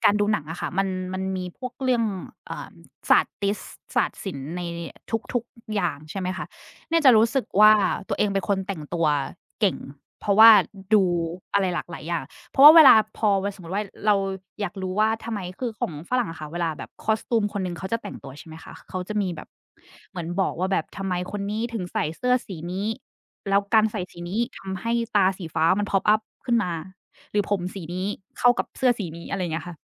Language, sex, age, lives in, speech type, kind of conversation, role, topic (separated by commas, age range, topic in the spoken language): Thai, female, 30-34, Thailand, conversation, unstructured, none, คุณคิดว่างานอดิเรกช่วยพัฒนาทักษะอะไรได้บ้าง?